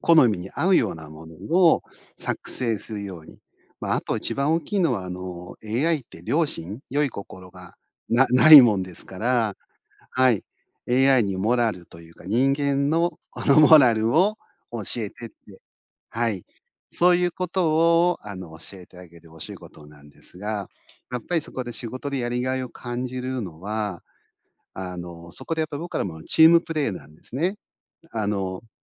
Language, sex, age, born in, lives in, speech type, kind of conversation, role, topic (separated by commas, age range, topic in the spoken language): Japanese, male, 50-54, Japan, Japan, conversation, podcast, guest, 仕事で一番やりがいを感じるのは、どんな瞬間ですか？